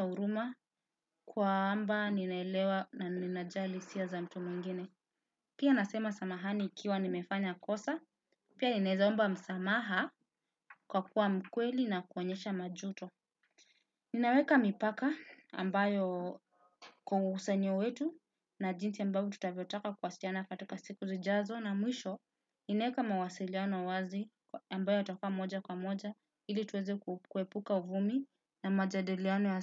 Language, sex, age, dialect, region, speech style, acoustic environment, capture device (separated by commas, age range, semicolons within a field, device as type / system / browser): Swahili, male, 18-24, Kenya - Nairobi Sheng-influenced, Kenya, relaxed, silence, desktop / Windows / Chrome